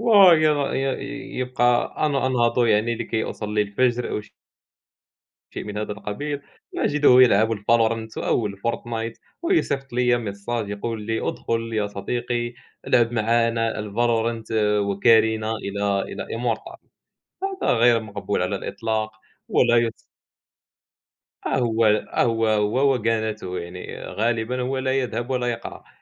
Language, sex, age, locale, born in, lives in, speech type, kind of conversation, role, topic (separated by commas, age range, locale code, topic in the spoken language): Arabic, male, 20-24, ar-MA, Morocco, France, conversation, unstructured, none, إنت بتفضّل تصحى بدري ولا تسهر لوقت متأخر؟